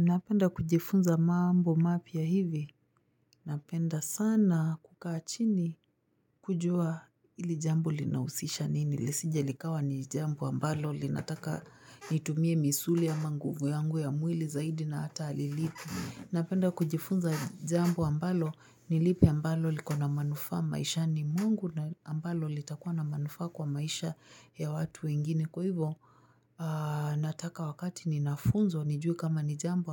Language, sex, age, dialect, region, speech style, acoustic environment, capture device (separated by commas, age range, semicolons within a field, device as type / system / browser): Swahili, female, 25-34, Kenya - Nairobi Sheng-influenced, Kenya, happy, nature, mobile / Linux / Chrome